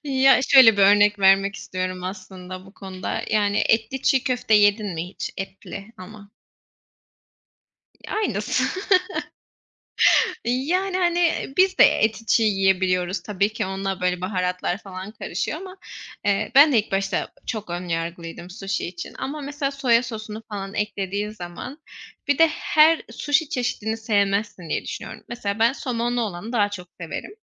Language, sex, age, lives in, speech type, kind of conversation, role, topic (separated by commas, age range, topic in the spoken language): Turkish, female, 25-29, Poland, conversation, unstructured, none, Evde yemek yapmayı mı yoksa dışarıda yemek yemeyi mi tercih edersin?